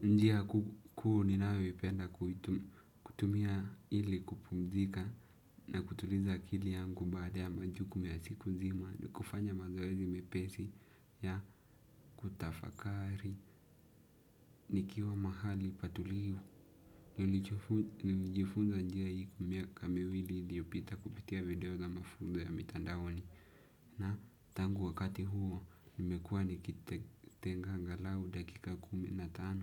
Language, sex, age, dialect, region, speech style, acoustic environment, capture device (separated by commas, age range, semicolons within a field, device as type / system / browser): Swahili, male, 18-24, Kenya - Nairobi Sheng-influenced, Kenya, focused, silence, desktop / Windows / Chrome